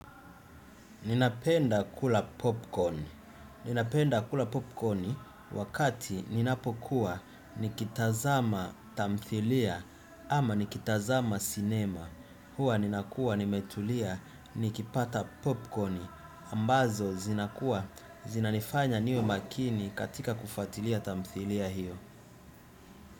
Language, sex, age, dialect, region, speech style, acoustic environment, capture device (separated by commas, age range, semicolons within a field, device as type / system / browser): Swahili, male, 25-34, Tanzania - Dar es Salaam, Tanzania, relaxed, nature, desktop / Windows / Chrome